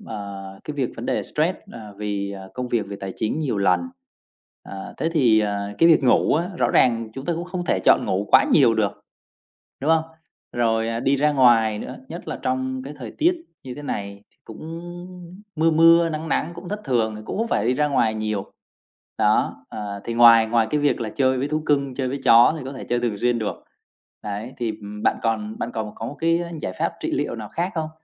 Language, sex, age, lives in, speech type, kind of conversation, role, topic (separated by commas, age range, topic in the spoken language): Vietnamese, male, 30-34, Vietnam, conversation, podcast, host, Bạn thường làm gì để giảm căng thẳng trong ngày?